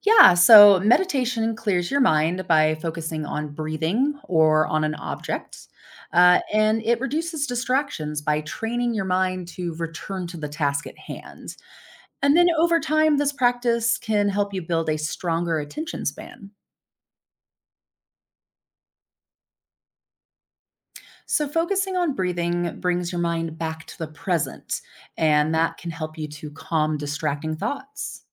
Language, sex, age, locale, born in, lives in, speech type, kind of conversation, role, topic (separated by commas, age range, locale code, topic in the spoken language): English, female, 35-39, en-US, United States, United States, read, expert-assistant, expert, How can meditation improve focus and concentration?